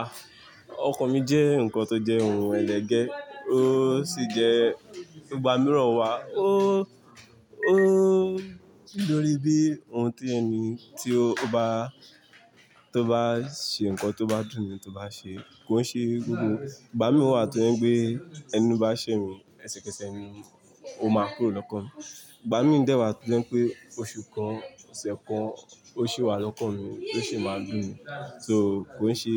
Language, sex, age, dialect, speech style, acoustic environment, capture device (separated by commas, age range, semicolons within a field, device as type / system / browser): Yoruba, male, 25-34, Nigeria - Lagos Yoruba, tired, people talking, mobile / Linux / Chrome